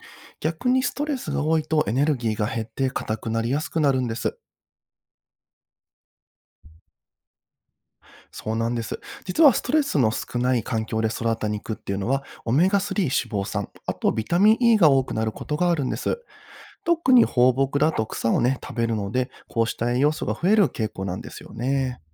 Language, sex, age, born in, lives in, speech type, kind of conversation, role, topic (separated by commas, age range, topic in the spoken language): Japanese, male, 30-34, Japan, Japan, read, expert-assistant, expert, 「ストレスの少ない環境で育った家畜の肉は美味しい」というのは科学的に証明されているのですか？